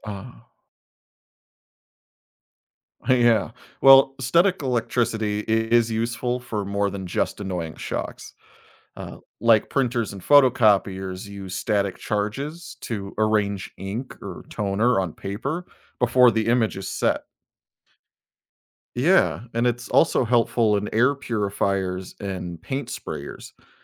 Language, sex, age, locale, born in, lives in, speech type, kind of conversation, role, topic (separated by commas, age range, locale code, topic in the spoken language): English, male, 35-39, en-US, United States, United States, read, expert-assistant, expert, What is static electricity and how does it work?